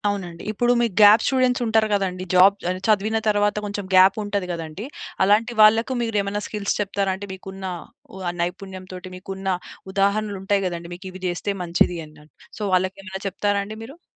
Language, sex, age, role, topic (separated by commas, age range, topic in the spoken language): Telugu, female, 25-29, host, కొత్త నైపుణ్యాన్ని నేర్చుకోవడం మొదలుపెట్టేటప్పుడు మీరు ముందుగా ఏం చేస్తారు?